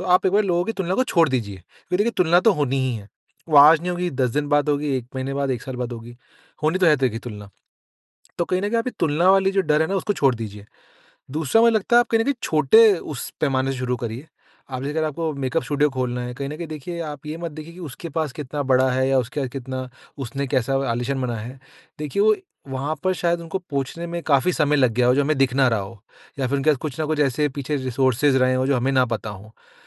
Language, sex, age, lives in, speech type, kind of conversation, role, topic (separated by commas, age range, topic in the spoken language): Hindi, male, 25-29, India, conversation, advice, advisor, मैं अपूर्णता को स्वीकार करके लगातार काम कैसे कर सकता/सकती हूँ?